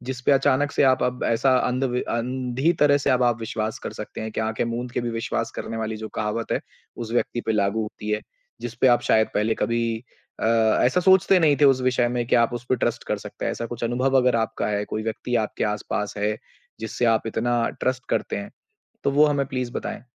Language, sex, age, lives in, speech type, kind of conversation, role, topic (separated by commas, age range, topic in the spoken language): Hindi, male, 25-29, India, conversation, podcast, host, Naye friend ke saath bharosa banane ka pehla kadam kya hota hai?